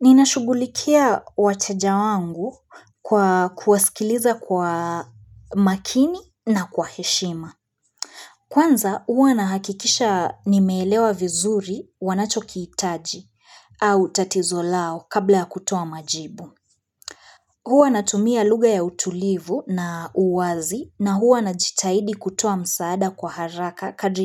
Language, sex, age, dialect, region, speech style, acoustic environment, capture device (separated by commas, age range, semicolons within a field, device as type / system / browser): Swahili, female, 25-34, Kenya - Nairobi Sheng-influenced, Kenya, focused; relaxed, appliances; people talking, mobile / Linux / Chrome